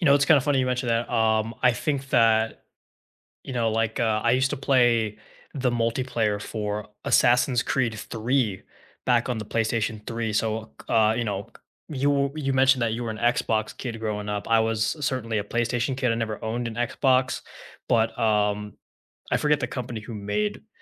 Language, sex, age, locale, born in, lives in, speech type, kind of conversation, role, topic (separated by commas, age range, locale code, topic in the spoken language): English, male, 20-24, en-US, United States, United States, conversation, unstructured, none, Which video games do you two love playing together after dinner, and how do they bring you closer?